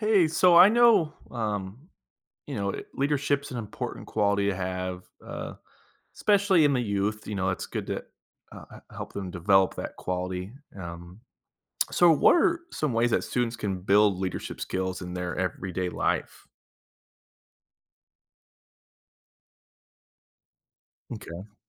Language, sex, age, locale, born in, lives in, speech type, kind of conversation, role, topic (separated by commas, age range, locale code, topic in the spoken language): English, male, 30-34, en-US, United States, United States, read, expert-assistant, asker, How can students build leadership skills daily?